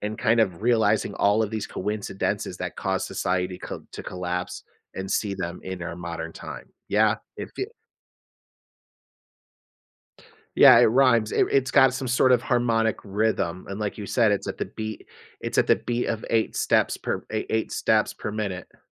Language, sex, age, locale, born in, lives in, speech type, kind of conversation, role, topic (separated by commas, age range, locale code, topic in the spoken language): English, male, 35-39, en-US, United States, United States, conversation, podcast, guest, Why is it important to look at the past when trying to make sense of what’s happening in the world today?